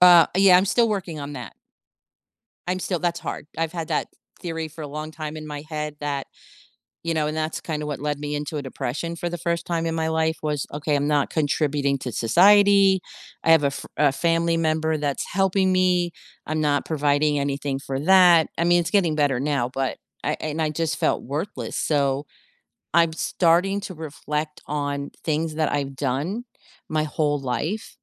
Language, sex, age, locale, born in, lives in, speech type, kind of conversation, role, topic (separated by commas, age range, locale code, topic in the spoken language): English, female, 55-59, en-US, United States, United States, conversation, podcast, guest, What helps people stay resilient and motivated after facing disappointment?